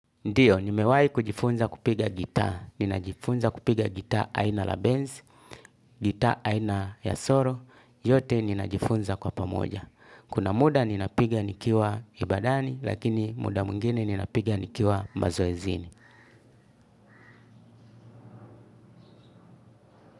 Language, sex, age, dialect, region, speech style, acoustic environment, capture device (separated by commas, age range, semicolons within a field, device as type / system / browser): Swahili, male, 35-44, Tanzania - Dar es Salaam, Tanzania, relaxed, music, desktop / Linux / Chrome